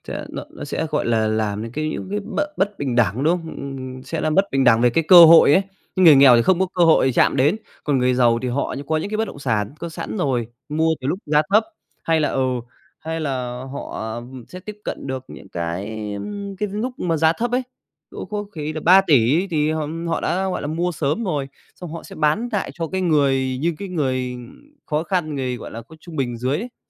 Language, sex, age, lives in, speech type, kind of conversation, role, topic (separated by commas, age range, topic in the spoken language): Vietnamese, male, 25-29, Vietnam, conversation, unstructured, none, Bạn nghĩ gì về việc người giàu ngày càng giàu hơn?